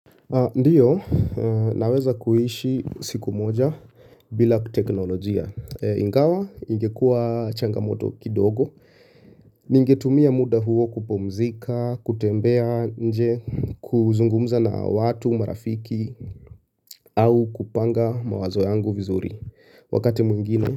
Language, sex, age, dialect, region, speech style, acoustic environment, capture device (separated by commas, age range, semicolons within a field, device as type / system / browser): Swahili, male, 25-34, Kenya - Nairobi Sheng-influenced, Kenya, relaxed; focused; nervous, silence, mobile / Linux / Chrome